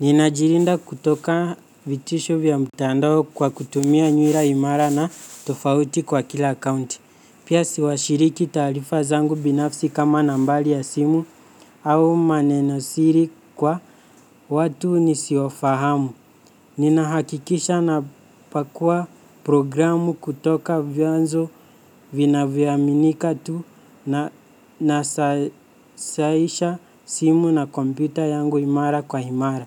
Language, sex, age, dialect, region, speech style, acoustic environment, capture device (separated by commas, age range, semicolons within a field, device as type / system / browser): Swahili, male, 18-24, Kenya - Nairobi Sheng-influenced, Kenya, focused; relaxed, nature; silence, mobile / Linux / Chrome